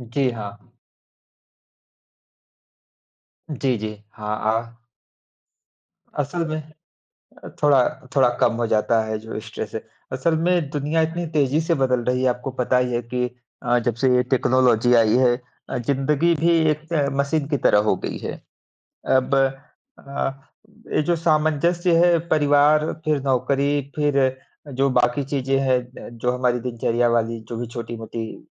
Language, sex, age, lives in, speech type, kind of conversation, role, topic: Hindi, male, 30-34, India, conversation, unstructured, none, आप काम और निजी जीवन में संतुलन कैसे बनाते हैं?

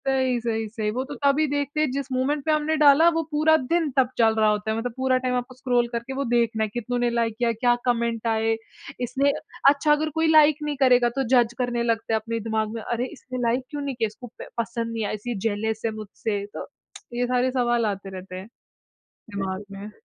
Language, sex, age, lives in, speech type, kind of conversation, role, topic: Hindi, female, 20-24, India, conversation, podcast, guest, Kya tum concerts mein photos ya videos zyada lete ho, kyun?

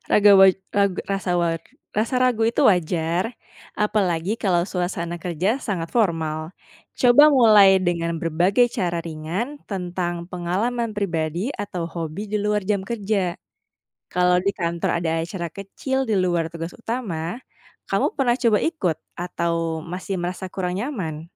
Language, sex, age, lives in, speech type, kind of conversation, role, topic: Indonesian, female, 30-34, Indonesia, read, expert-assistant, expert, Bagaimana Cara Menjaga Motivasi dan Mencairkan Suasana di Lingkungan ASN yang Monoton?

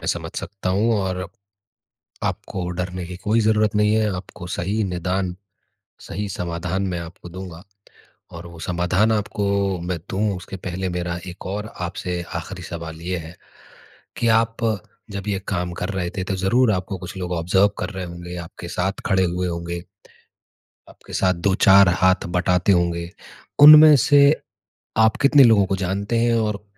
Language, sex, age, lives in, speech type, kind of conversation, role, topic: Hindi, male, 30-34, India, conversation, advice, advisor, डर और खुद पर शक होने पर असफलता के बाद मैं फिर से कोशिश कैसे करूँ?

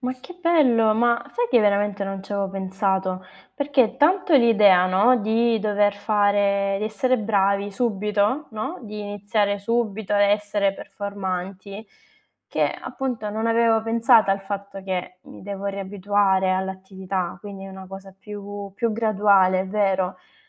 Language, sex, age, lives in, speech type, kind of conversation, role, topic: Italian, female, 25-29, Italy, conversation, advice, user, Come posso gestire l’ansia nel riprendere l’attività fisica dopo un lungo periodo di inattività?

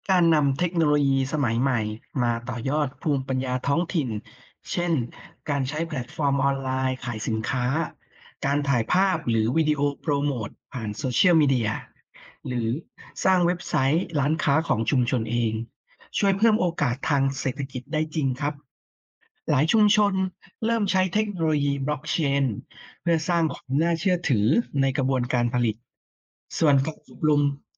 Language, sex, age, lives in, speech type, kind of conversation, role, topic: Thai, female, 30-34, Thailand, read, expert-assistant, expert, เทคโนโลยีใหม่ช่วยต่อยอดสินค้าท้องถิ่นในชุมชนได้อย่างไร?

